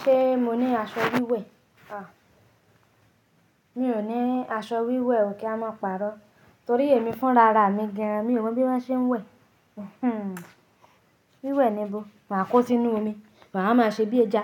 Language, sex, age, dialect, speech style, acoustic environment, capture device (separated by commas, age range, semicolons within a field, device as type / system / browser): Yoruba, female, 25-34, Nigeria - Nigerian Standard, happy, silence, mobile / Linux / Chrome